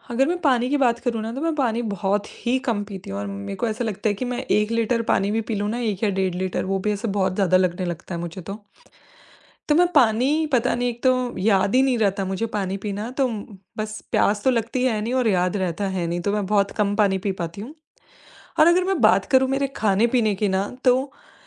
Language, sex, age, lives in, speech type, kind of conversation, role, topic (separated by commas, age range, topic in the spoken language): Hindi, female, 25-29, India, conversation, advice, user, दिनभर ऊर्जा में उतार-चढ़ाव होने पर काम पर ध्यान कैसे बनाए रखें?